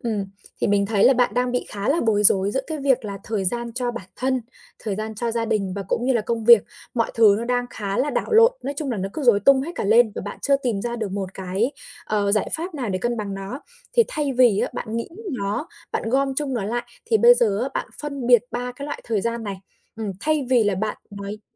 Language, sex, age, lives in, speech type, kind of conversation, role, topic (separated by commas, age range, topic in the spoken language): Vietnamese, female, 25-29, Vietnam, conversation, advice, advisor, Làm thế nào để khóa thời gian dành cho gia đình và nghỉ ngơi một cách hiệu quả?